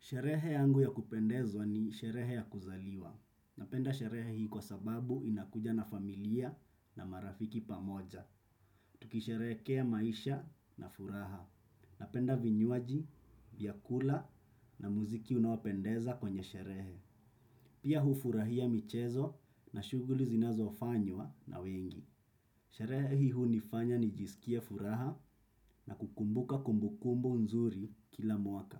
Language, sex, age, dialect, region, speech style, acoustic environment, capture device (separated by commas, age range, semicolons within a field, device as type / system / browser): Swahili, male, 25-34, Kenya - Mombasa, Kenya, focused; relaxed, silence, desktop / Windows / Chrome